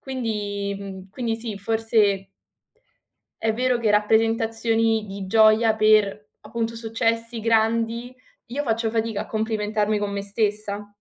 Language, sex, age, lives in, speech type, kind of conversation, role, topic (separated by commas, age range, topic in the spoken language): Italian, female, 20-24, Italy, conversation, unstructured, none, Come possiamo convincere le persone a non ignorare i segnali d’allarme emotivi?